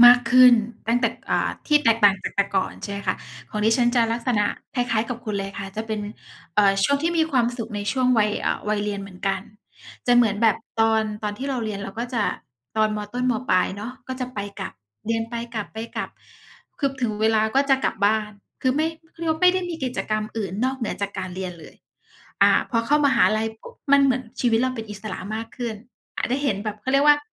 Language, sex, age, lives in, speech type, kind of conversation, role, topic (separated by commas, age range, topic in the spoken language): Thai, female, 35-39, Thailand, conversation, unstructured, none, คุณจำช่วงเวลาที่มีความสุขที่สุดในวัยเด็กของคุณได้ไหม?